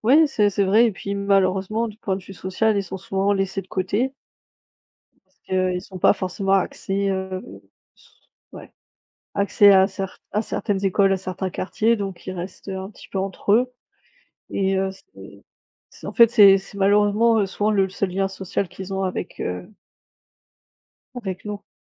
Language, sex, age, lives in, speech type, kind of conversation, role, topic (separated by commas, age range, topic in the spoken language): French, female, 30-34, Germany, conversation, unstructured, none, Comment convaincre quelqu’un de l’importance de terminer ses études ?